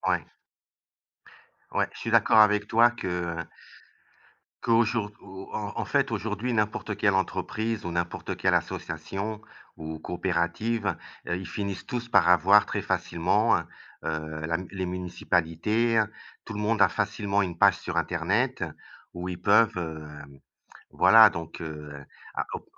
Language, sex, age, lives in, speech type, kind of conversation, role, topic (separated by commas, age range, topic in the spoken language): French, male, 55-59, Portugal, conversation, unstructured, none, Comment Internet a-t-il transformé la recherche d’information ?